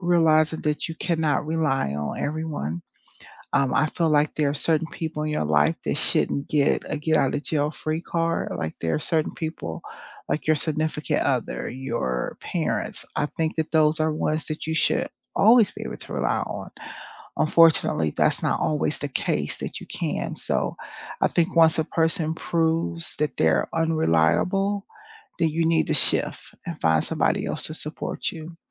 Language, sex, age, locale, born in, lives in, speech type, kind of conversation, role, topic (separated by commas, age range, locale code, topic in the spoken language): English, female, 50-54, en-US, United States, United States, conversation, unstructured, none, How can you build a support circle you can rely on?